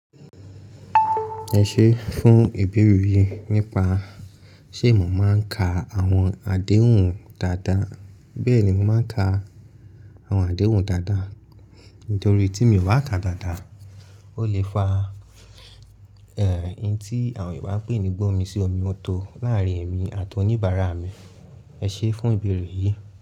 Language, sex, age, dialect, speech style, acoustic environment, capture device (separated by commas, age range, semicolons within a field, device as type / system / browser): Yoruba, male, 18-24, Nigeria - Lagos Yoruba, focused, people talking, mobile / Linux / Chrome